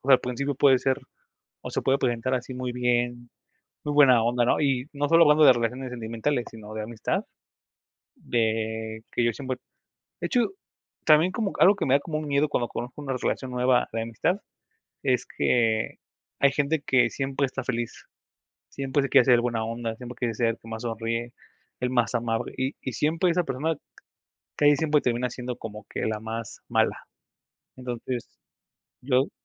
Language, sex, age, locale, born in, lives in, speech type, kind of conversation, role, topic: Spanish, male, 25-29, es-MX, Mexico, Mexico, conversation, unstructured, none, ¿Qué es lo que más te da miedo al comenzar una nueva relación?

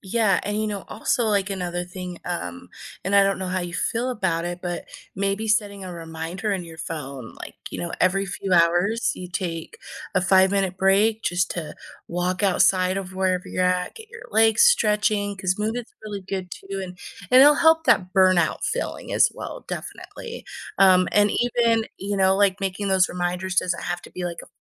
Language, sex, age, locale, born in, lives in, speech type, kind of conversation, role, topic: English, female, 30-34, en-US, United States, United States, conversation, advice, advisor, How can I balance work and personal life without burning out?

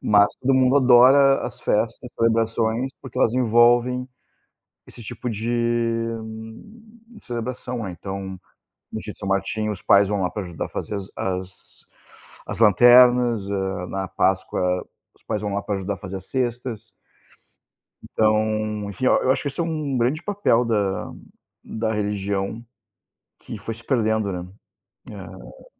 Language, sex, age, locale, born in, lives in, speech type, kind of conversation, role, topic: Portuguese, male, 40-44, pt-BR, Brazil, Germany, conversation, unstructured, none, Qual é a tradição cultural que mais te faz feliz?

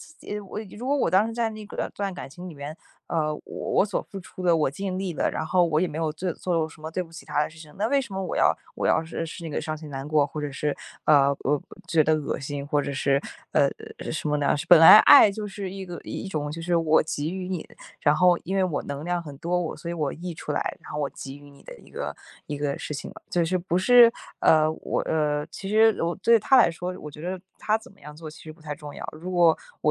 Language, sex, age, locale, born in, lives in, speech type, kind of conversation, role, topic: Chinese, female, 20-24, zh-CN, China, United States, conversation, advice, advisor, 被出轨或背叛后，你感到的痛苦具体是什么样的？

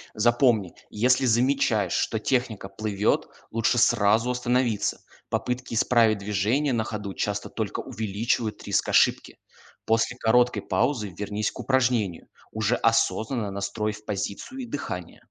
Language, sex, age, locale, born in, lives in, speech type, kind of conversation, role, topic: Russian, male, 20-24, ru-RU, Russia, Germany, read, expert-assistant, expert, Какие способы помогают лучше и быстрее освоить технику упражнений в силовом тренинге?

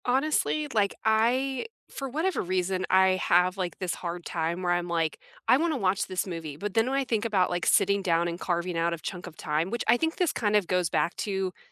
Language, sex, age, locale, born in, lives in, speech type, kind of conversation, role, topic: English, female, 30-34, en-US, United States, United States, conversation, unstructured, none, Which comfort movie or TV series do you return to, and why does it feel like home?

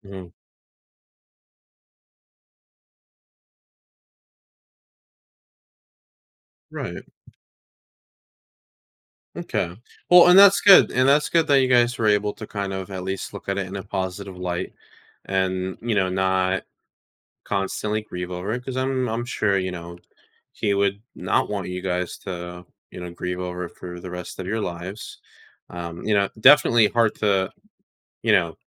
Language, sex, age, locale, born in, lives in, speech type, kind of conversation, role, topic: English, male, 20-24, en-US, United States, United States, conversation, advice, advisor, How can I cope with losing someone close?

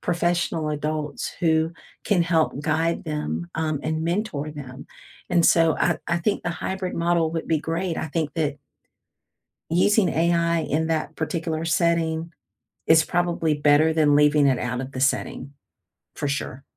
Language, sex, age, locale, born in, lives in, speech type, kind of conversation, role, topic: English, female, 55-59, en-US, United States, United States, conversation, unstructured, none, How has technology shaped your learning in the past and how is it shaping it now?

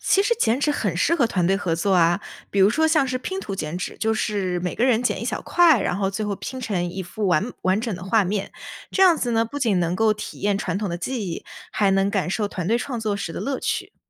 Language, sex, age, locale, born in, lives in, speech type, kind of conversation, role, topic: Chinese, female, 20-24, zh-CN, China, United States, read, expert-assistant, expert, 想更好地体验和学习中国的民间艺术，比如剪纸或者糖画，有哪些简单又有趣的方法可以试试？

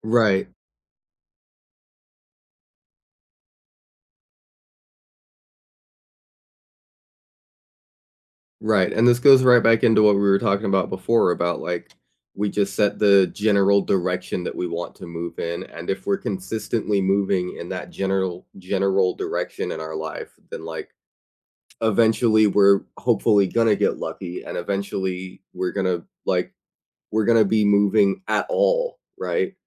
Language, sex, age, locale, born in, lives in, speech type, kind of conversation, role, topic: English, other, 20-24, en-US, United States, United States, conversation, unstructured, none, What is one goal-setting challenge that makes you angry?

